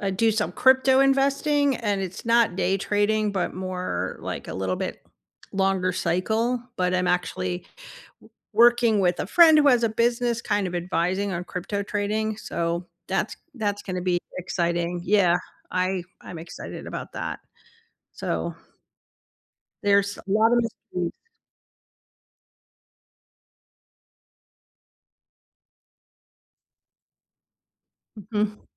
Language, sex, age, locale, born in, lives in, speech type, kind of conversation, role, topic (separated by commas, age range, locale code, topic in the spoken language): English, female, 60-64, en-US, United States, United States, conversation, unstructured, none, What is an everyday mystery you still haven't figured out?